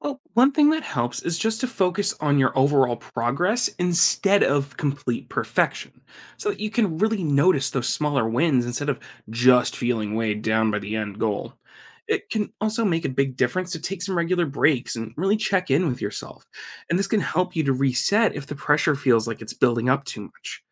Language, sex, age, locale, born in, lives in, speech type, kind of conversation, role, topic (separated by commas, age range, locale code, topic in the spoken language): English, male, 25-29, en-US, United States, United States, read, expert-assistant, expert, How do our dreams and goals shape our actions?